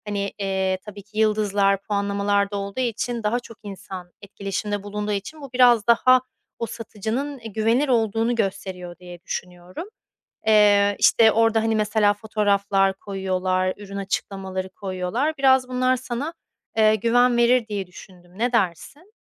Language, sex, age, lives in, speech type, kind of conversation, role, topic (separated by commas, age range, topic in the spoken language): Turkish, female, 30-34, Spain, conversation, advice, advisor, Online alışverişte güvenli alışverişi nasıl yapabilirim ve iade süreci nasıl işler?